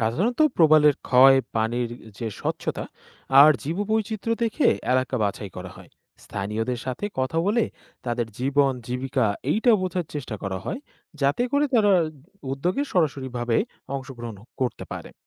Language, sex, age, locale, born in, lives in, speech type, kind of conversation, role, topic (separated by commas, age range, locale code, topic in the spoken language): Bengali, male, 20-24, bn-BD, Bangladesh, Bangladesh, read, expert-assistant, expert, জলবায়ু পরিবর্তনের প্রভাবে সমুদ্রের পরিবেশ রক্ষা করতে নতুন কোন ধরনের প্রযুক্তি বা উদ্যোগ নেওয়া যেতে পারে?